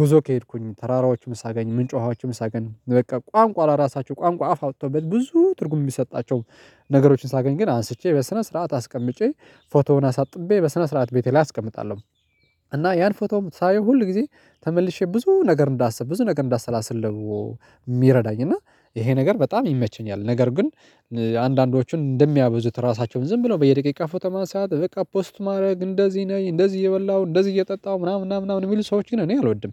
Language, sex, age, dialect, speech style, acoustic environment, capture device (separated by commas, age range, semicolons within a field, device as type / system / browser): Amharic, male, 35-44, Ethiopia - Addis Ababa, excited, silence, mobile / Linux / Chrome